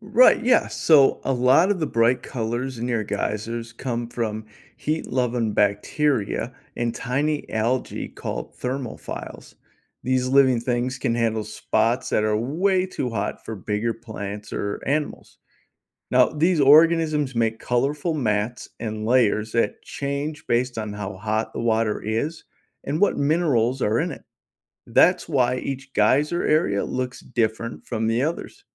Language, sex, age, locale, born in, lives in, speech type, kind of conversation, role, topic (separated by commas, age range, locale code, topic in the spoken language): English, male, 40-44, en-US, United States, United States, read, expert-assistant, expert, What causes a geyser to erupt?